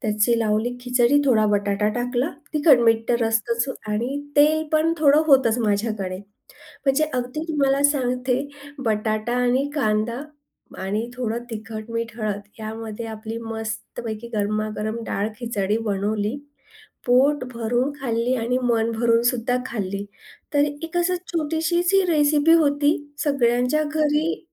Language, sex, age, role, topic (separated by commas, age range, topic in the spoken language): Marathi, female, 40-44, guest, पैशाची तंगी असताना चवदार जेवण कसे बनवाल?